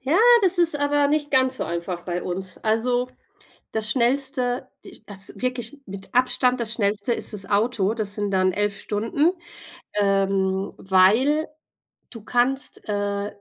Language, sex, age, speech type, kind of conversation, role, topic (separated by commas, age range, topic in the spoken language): German, female, 40-44, conversation, unstructured, none, Worauf bist du in deinem Leben besonders stolz?